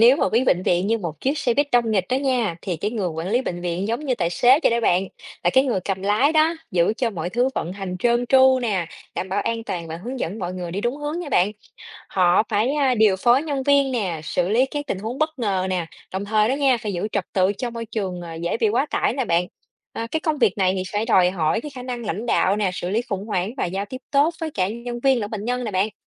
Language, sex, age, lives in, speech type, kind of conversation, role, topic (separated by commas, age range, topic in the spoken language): Vietnamese, female, 30-34, Vietnam, read, expert-assistant, expert, Nếu coi bệnh viện như một chiếc xe buýt đông người, bạn nghĩ vai trò của người quản lý bệnh viện giống với ai nhất trên chuyến xe đó? Vì sao?